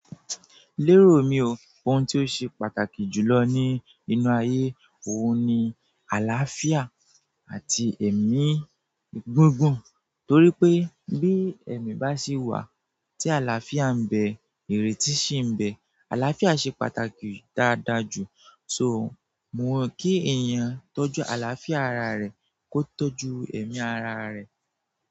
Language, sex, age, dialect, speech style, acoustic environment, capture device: Yoruba, male, 18-24, Nigeria - Nigerian Standard, relaxed; focused, nature, mobile / Android / Chrome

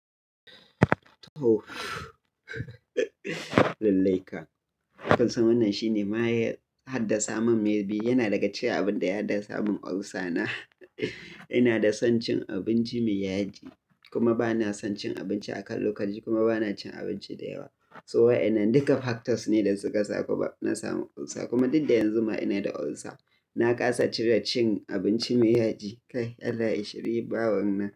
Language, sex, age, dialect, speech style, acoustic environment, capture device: Hausa, male, 25-34, Nigeria - Kano, happy, nature; silence, mobile / Linux / Chrome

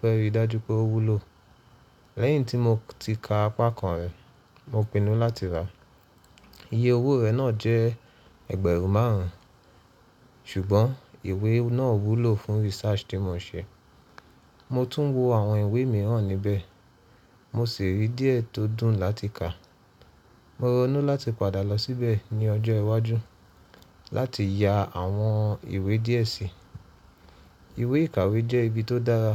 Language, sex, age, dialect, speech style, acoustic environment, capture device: Yoruba, male, 25-34, Nigeria - Nigerian Standard, relaxed, silence; nature, mobile / Linux / Chrome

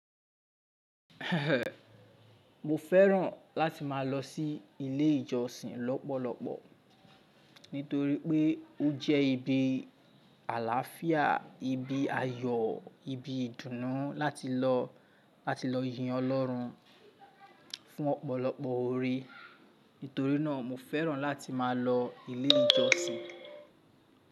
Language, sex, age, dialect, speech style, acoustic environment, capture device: Yoruba, male, 25-34, Nigeria - Lagos Yoruba, focused, people talking, mobile / Linux / Chrome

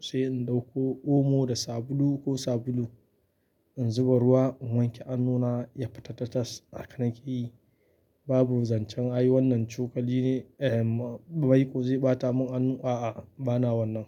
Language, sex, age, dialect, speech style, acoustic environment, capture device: Hausa, male, 25-34, Nigeria - Kano, happy; relaxed, nature, mobile / Linux / Chrome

